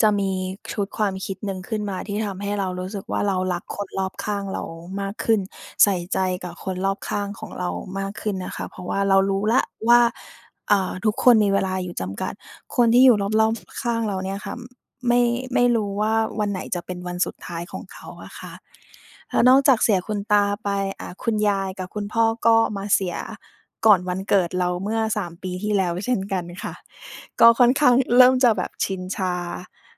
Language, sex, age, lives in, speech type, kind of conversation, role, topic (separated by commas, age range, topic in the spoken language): Thai, female, 30-34, Thailand, conversation, unstructured, none, คุณคิดว่าความตายเปลี่ยนแปลงความสัมพันธ์ของเราอย่างไรบ้าง?